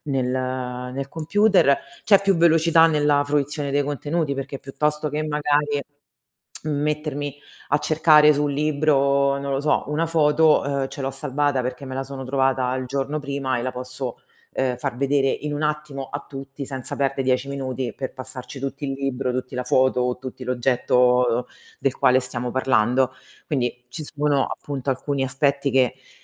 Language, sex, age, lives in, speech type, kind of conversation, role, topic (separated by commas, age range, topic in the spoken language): Italian, female, 35-39, Italy, conversation, unstructured, none, Pensi che la tecnologia possa migliorare la qualità della vita in futuro?